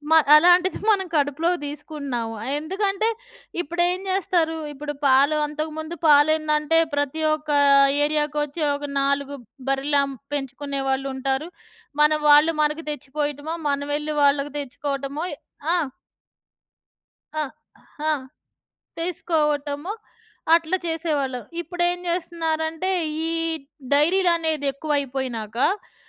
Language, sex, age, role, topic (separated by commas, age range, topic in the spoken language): Telugu, female, 40-44, guest, చాయ్ తాగే సమయానికి మీరు అనుసరించే చిన్నచిన్న రీతులు ఏమిటి?